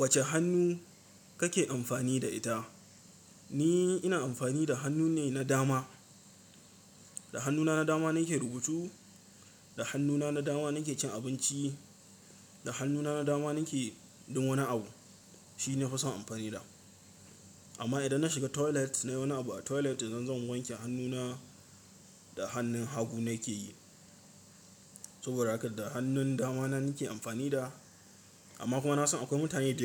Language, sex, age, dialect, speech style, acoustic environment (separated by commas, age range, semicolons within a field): Hausa, male, 18-24, Nigeria - Katsina, nervous; focused; excited, silence